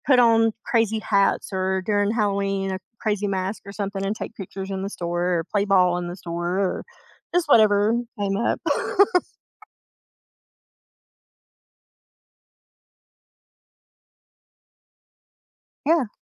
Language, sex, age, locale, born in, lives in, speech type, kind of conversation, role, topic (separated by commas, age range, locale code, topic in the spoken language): English, female, 45-49, en-US, United States, United States, conversation, unstructured, none, Which small travel ritual do you keep that always makes a trip feel like your own, and how did it start?